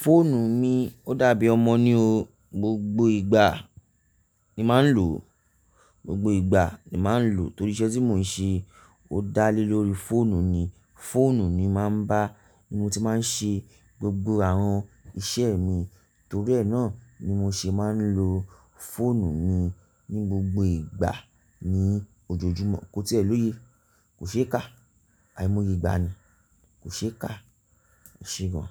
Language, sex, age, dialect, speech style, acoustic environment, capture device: Yoruba, male, 35-44, Nigeria - Nigerian Standard, relaxed, silence, mobile / Linux / Chrome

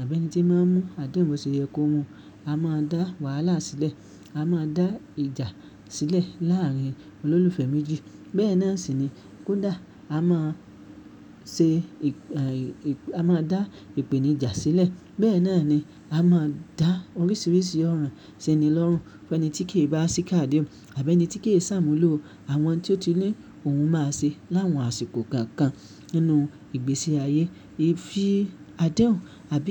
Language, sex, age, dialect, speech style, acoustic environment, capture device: Yoruba, male, 25-34, Nigeria - Ibadan, focused; happy; relaxed, silence; nature, mobile / Linux / Chrome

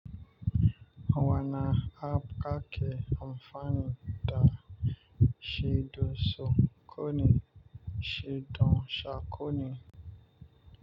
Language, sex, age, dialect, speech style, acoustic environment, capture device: Hausa, male, 25-34, Nigeria - Nigerian Standard, focused, nature, mobile / Linux / Chrome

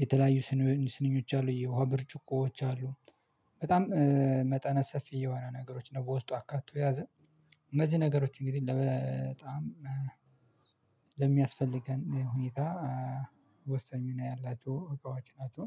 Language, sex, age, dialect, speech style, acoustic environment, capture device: Amharic, male, 25-34, Ethiopia - Addis Ababa, relaxed, nature, mobile / Linux / Chrome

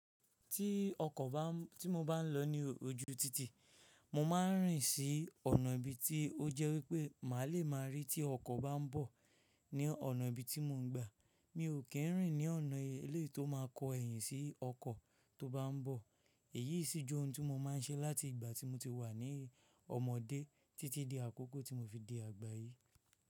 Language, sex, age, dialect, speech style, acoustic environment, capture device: Yoruba, male, 25-34, Nigeria - Ijebu, stressed, silence, mobile / Linux / Chrome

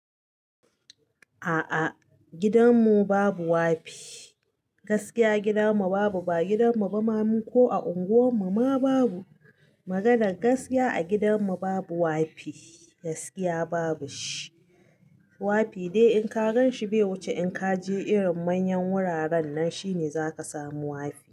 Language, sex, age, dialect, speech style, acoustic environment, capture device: Hausa, female, 35-44, Nigeria - Katsina, happy; nervous; anxious, appliances; silence; nature, mobile / Linux / Chrome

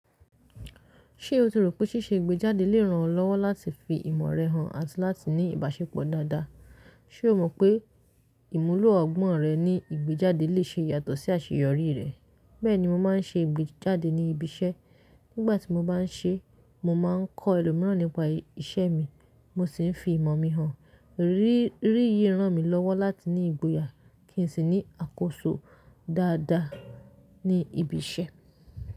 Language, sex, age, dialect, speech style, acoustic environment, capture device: Yoruba, female, 25-34, Nigeria - Lagos Yoruba, relaxed, silence; nature, mobile / Linux / Chrome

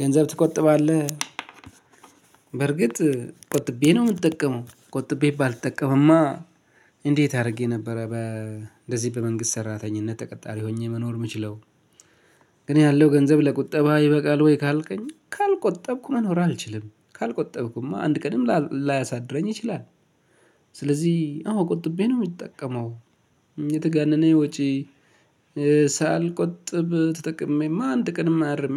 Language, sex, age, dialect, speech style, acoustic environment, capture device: Amharic, male, 35-44, Ethiopia - Addis Ababa, stressed, silence, mobile / Linux / Chrome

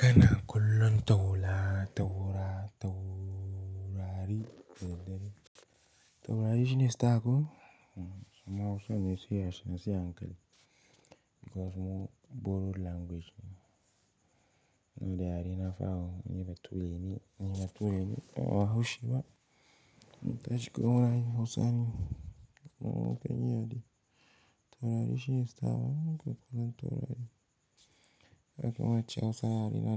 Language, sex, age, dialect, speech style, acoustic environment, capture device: Hausa, male, 18-24, Nigeria - Pidgin-influenced, relaxed, silence, mobile / Linux / Chrome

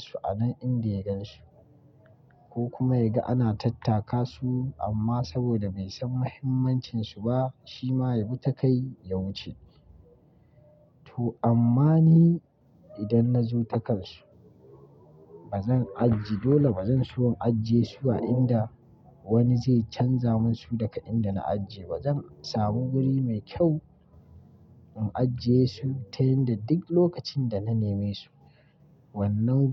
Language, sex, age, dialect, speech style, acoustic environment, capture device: Hausa, male, 25-34, Nigeria - Kano, happy, nature, mobile / Linux / Chrome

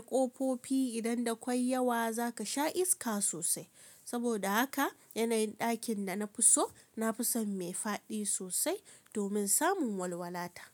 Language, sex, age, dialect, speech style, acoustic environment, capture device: Hausa, female, 25-34, Nigeria - Kano, relaxed, nature, mobile / Linux / Chrome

